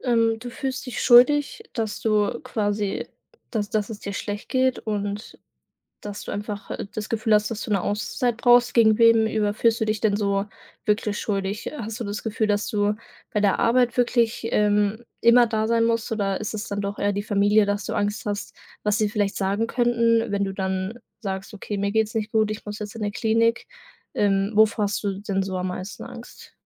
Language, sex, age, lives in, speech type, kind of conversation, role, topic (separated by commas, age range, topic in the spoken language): German, female, 18-19, Germany, conversation, advice, advisor, Wie kann ich mit Schuldgefühlen gegenüber Kolleginnen und Kollegen sowie meiner Familie umgehen, weil ich mich nur langsam erhole?